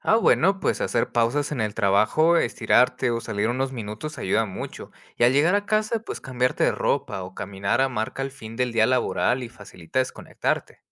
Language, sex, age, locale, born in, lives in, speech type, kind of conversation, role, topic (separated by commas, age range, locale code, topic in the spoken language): Spanish, male, 30-34, es-MX, United States, Mexico, read, expert-assistant, expert, Últimamente me siento cansado todo el día, ¿qué factores fisiológicos podrían estar causando esto?